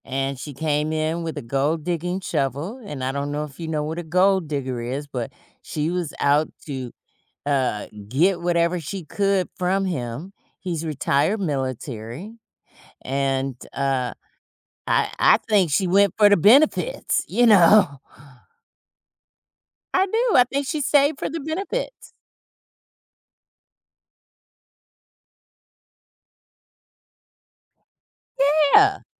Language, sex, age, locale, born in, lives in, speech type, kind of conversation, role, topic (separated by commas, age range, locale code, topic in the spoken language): English, female, 55-59, en-US, United States, United States, conversation, advice, user, How can I foster independent thinking in my kids while keeping them safe?